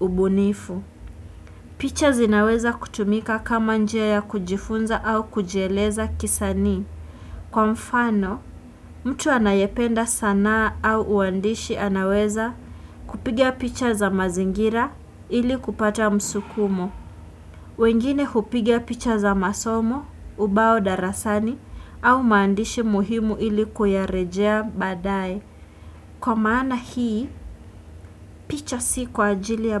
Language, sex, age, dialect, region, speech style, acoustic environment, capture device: Swahili, female, 18-24, Kenya - Nairobi Sheng-influenced, Kenya, anxious, nature, desktop / Windows / Chrome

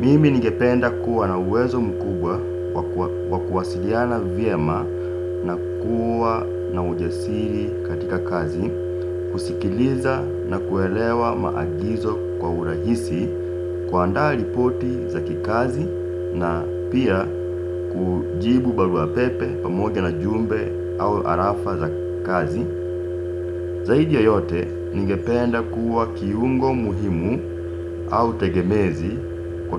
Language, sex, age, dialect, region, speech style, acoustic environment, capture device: Swahili, male, 25-34, Kenya - Nairobi Sheng-influenced, Kenya, relaxed, silence, desktop / Windows / Chrome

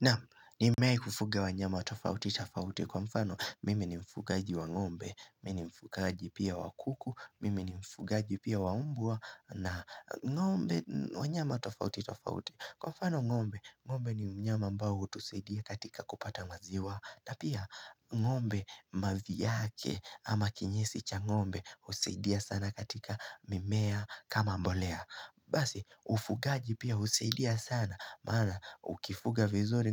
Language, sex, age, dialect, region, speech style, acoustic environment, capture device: Swahili, male, 18-24, Kenya - Nairobi Sheng-influenced, Kenya, relaxed; focused, nature; people talking, mobile / Linux / Chrome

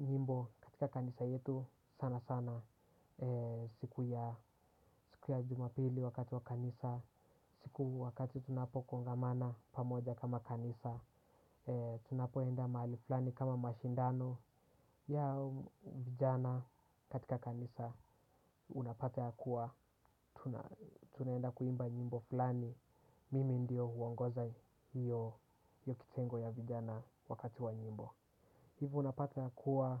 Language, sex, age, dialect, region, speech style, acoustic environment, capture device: Swahili, male, 18-24, Kenya - Nairobi Sheng-influenced, Kenya, relaxed; focused, silence, desktop / Windows / Chrome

